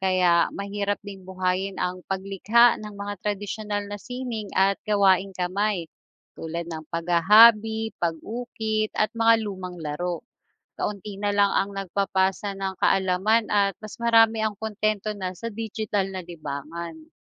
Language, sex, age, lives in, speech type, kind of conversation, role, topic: Filipino, female, 40-44, Philippines, read, expert-assistant, expert, Bakit mahalaga ang pagdiriwang ng mga tradisyon at kultura?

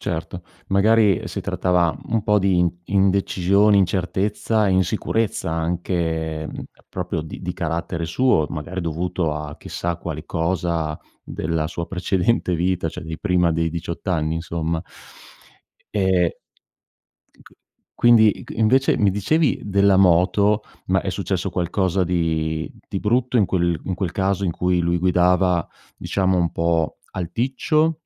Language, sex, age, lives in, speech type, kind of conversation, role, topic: Italian, male, 50-54, Italy, conversation, podcast, host, Puoi raccontarmi di una volta in cui hai sbagliato e hai imparato molto?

